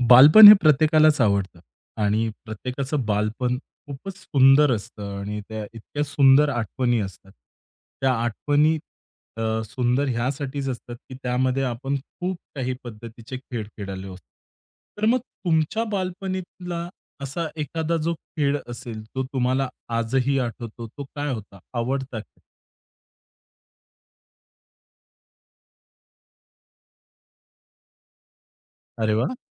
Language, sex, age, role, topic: Marathi, male, 30-34, host, तुमचा बचपणातील आवडता खेळ कोणता होता आणि तो तुम्हाला का आवडायचा?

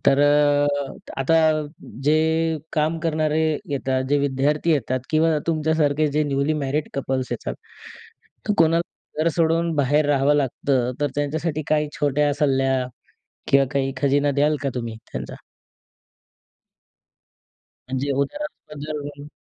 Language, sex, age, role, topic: Marathi, male, 20-24, host, घर सोडताना तुला नेमकं काय वाटलं?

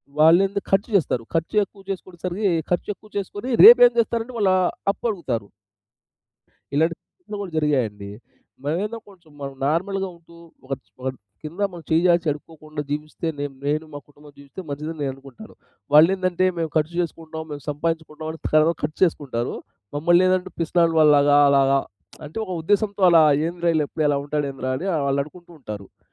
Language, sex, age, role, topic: Telugu, male, 30-34, guest, నిధులు పొదుపు చేస్తూ తక్షణ వినోద ఖర్చులను మీరు ఎలా నియంత్రిస్తారు?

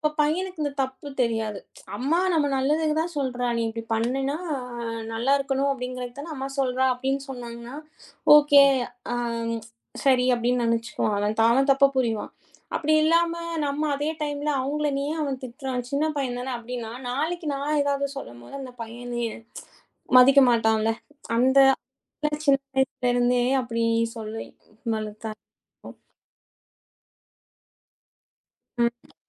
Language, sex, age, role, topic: Tamil, female, 30-34, guest, மனமும் உடலும் சமநிலையில் இருக்க நீங்கள் எந்தப் பழக்கங்களைப் பின்பற்றுகிறீர்கள்?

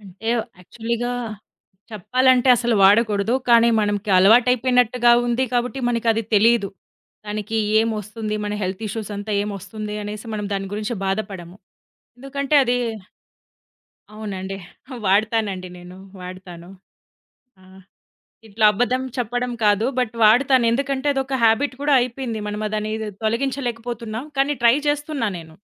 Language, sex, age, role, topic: Telugu, female, 30-34, guest, రాత్రి ఫోన్ వాడకం మీ నిద్రను ఎలా ప్రభావితం చేసింది?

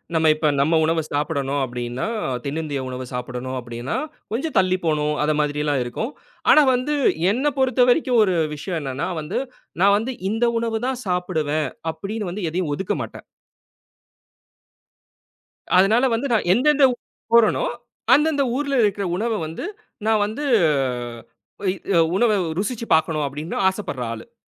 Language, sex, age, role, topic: Tamil, male, 30-34, guest, உங்கள் வாழ்க்கையில் மாற்றத்தை ஏற்படுத்திய பயணத்தில் நீங்கள் சென்ற இடம் எது?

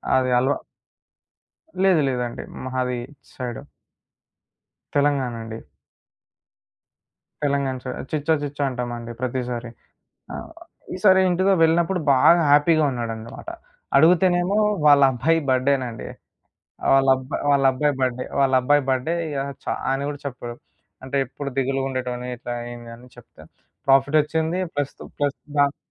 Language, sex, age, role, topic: Telugu, male, 20-24, guest, మార్కెట్‌, బస్ లేదా రైలులో అనుకోకుండా జరిగిన సంభాషణ మీ మనసులో ఇప్పటికీ మిగిలి ఉందా?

